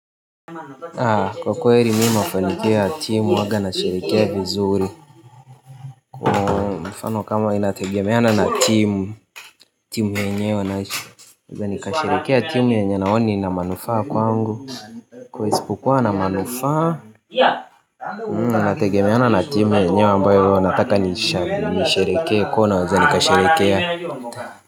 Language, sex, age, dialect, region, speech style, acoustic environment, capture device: Swahili, male, 18-24, Tanzania - Dar es Salaam, Tanzania, happy, nature, mobile / Linux / Chrome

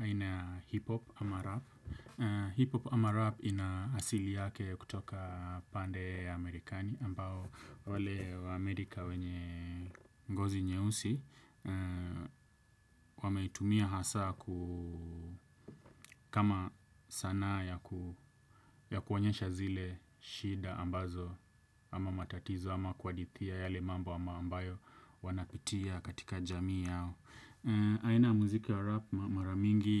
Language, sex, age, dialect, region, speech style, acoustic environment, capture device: Swahili, male, 25-34, Kenya - Nairobi Sheng-influenced, Kenya, focused, silence, desktop / Windows / Edge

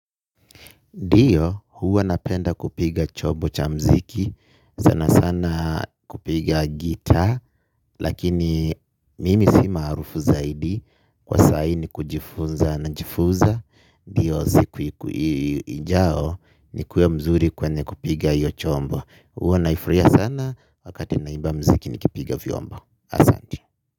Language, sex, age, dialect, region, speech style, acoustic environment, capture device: Swahili, male, 25-34, Kenya - Nairobi Sheng-influenced, Kenya, anxious, people talking, mobile / Linux / Chrome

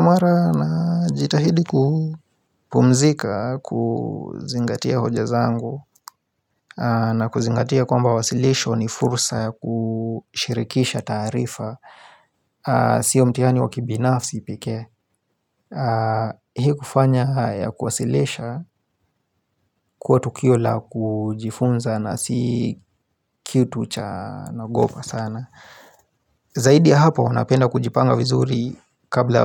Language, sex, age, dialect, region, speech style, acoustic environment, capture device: Swahili, male, 25-34, Kenya - Nairobi Sheng-influenced, Kenya, relaxed; happy; focused, silence, mobile / Linux / Chrome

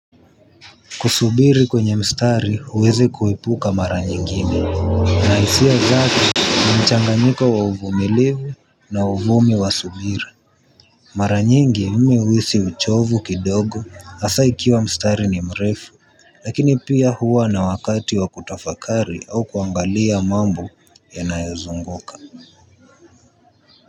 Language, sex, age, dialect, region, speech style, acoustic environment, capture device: Swahili, male, 18-24, Kenya - Nairobi Sheng-influenced, Kenya, focused; relaxed; happy, people talking; traffic, mobile / Linux / Chrome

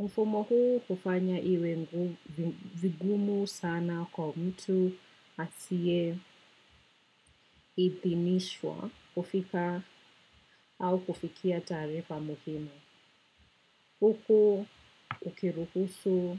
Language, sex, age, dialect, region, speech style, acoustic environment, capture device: Swahili, female, 25-34, Kenya - Nairobi Sheng-influenced, Kenya, relaxed, nature, desktop / macOS / Chrome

